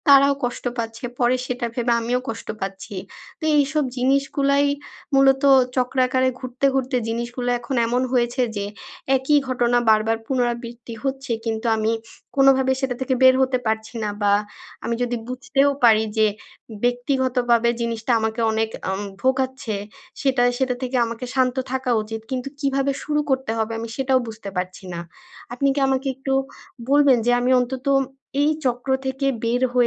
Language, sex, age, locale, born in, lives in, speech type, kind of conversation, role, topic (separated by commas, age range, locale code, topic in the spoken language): Bengali, female, 25-29, bn-BD, Bangladesh, Bangladesh, conversation, advice, user, অন্যদের অস্বীকৃতি আমি কীভাবে ব্যক্তিগতভাবে না নিয়ে শান্ত থাকতে পারি?